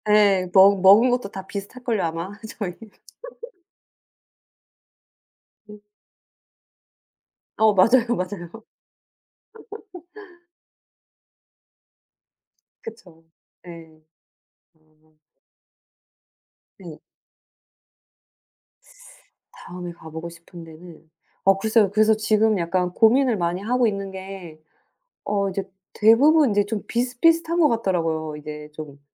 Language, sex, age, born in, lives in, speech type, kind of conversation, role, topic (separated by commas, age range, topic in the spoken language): Korean, female, 40-44, South Korea, United States, conversation, unstructured, none, 가장 인상 깊었던 자연 명소는 어디인가요?